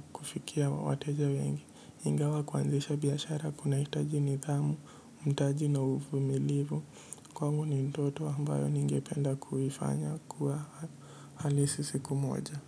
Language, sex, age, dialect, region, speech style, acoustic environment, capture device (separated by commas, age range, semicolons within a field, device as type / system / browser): Swahili, male, 18-24, Kenya - Mombasa, Kenya, relaxed, silence, mobile / Linux / Edge